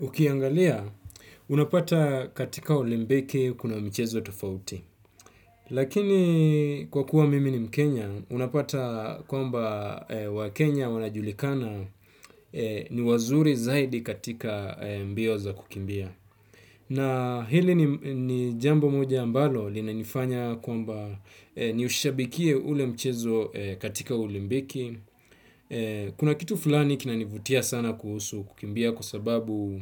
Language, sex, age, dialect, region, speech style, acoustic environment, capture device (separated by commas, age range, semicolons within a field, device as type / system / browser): Swahili, male, 25-34, Kenya - Mombasa, Kenya, relaxed, nature, desktop / Windows / Chrome